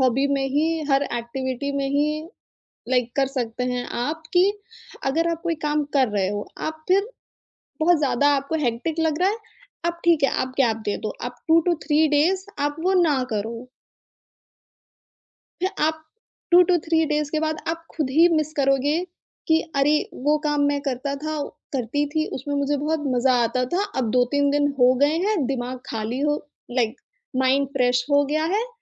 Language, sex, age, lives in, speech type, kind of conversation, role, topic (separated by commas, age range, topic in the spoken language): Hindi, female, 25-29, India, conversation, podcast, guest, Naya hobby start karne ke liye kaunse simple steps follow karne chahiye?